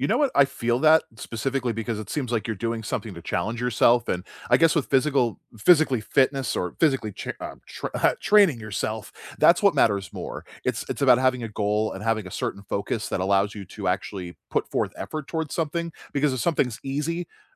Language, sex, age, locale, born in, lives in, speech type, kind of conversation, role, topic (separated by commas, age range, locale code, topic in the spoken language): English, male, 35-39, en-US, United States, United States, conversation, unstructured, none, What is one activity you started doing that changed the way you think about staying healthy?